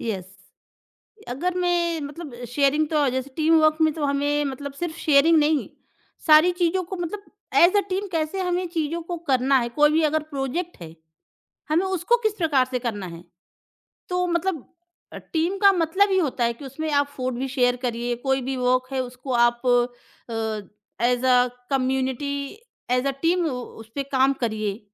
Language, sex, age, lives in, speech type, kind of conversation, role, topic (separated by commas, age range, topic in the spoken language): Hindi, female, 30-34, India, conversation, podcast, guest, Saath baithkar khana baantne aur samuhik bhoj ki saanskritik ahmiyat ko aap kaise dekhte hain?